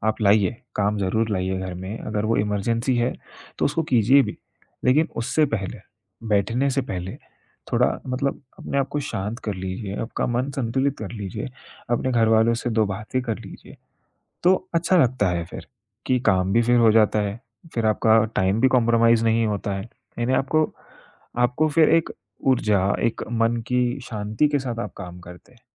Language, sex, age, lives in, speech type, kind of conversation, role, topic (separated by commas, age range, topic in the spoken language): Hindi, male, 20-24, India, conversation, podcast, guest, Work aur family life ko aap kaise balance karte hain, aur aapke best tips kya hain?